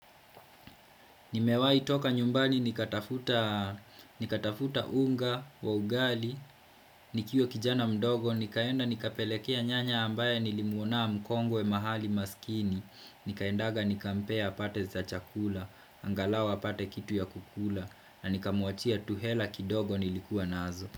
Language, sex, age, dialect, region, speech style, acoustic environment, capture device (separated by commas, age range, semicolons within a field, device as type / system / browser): Swahili, male, 25-34, Kenya - Kiambu, Kenya, happy, silence, desktop / Windows / Chrome